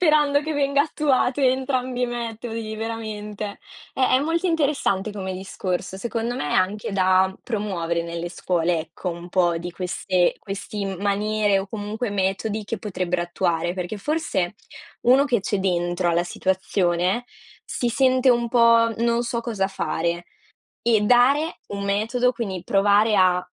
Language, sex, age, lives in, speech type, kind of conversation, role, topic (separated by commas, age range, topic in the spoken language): Italian, female, 20-24, Italy, conversation, unstructured, none, Cosa provi quando ti senti escluso da un gruppo?